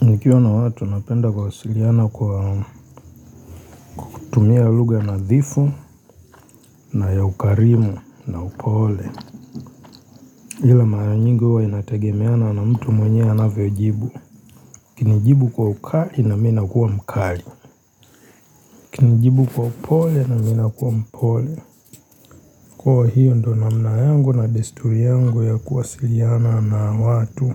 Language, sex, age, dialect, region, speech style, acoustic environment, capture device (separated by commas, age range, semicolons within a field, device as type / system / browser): Swahili, female, 25-34, Tanzania - Dar es Salaam, Tanzania, relaxed; excited; happy, silence; people talking; nature, mobile / Linux / Chrome